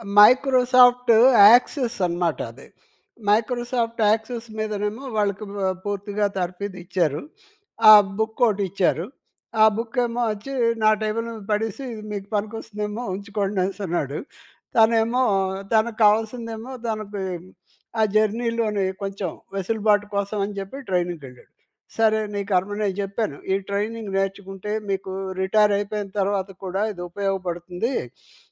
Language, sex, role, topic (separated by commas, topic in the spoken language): Telugu, male, guest, కొత్త నైపుణ్యం నేర్చుకున్న తర్వాత మీ జీవితం ఎలా మారింది?